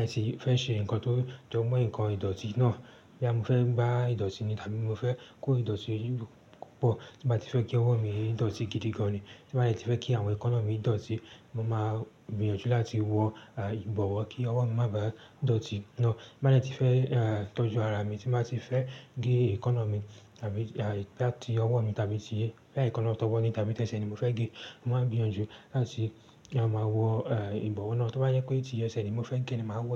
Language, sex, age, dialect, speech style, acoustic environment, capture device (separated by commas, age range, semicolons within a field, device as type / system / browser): Yoruba, male, 18-24, Nigeria - Lagos Yoruba, excited, silence, desktop / Linux / Chrome